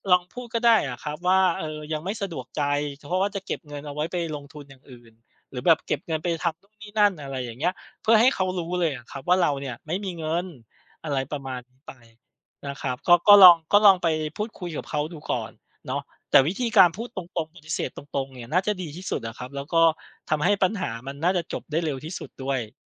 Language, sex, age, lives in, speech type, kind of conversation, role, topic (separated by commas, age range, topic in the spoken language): Thai, male, 35-39, Thailand, conversation, advice, advisor, จะปฏิเสธคำชวนหรือคำขออย่างสุภาพโดยไม่เสียมารยาทได้อย่างไร?